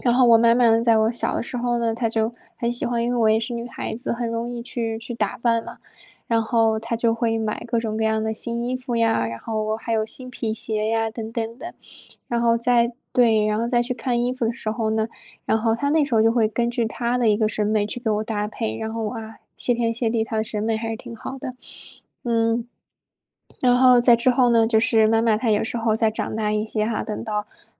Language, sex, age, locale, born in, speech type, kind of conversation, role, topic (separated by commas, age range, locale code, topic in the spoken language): Chinese, female, 25-29, zh-CN, China, conversation, podcast, guest, 你觉得自己的穿衣风格是什么样的？